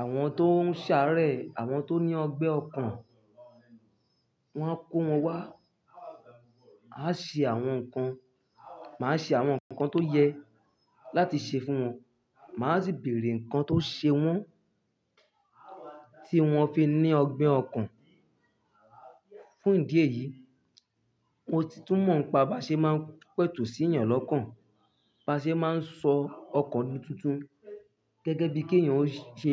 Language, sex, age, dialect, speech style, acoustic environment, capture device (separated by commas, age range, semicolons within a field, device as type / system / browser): Yoruba, male, 25-34, Nigeria - Ibadan, happy, nature, mobile / Linux / Chrome